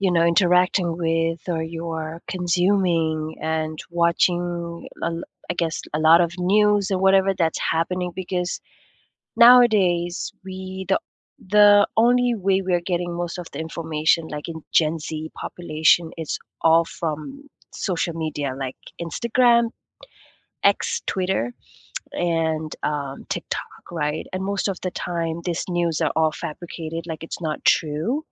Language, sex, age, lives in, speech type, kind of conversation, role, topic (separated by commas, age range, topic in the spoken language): English, female, 25-29, United States, conversation, unstructured, none, Do you think social media does more harm than good?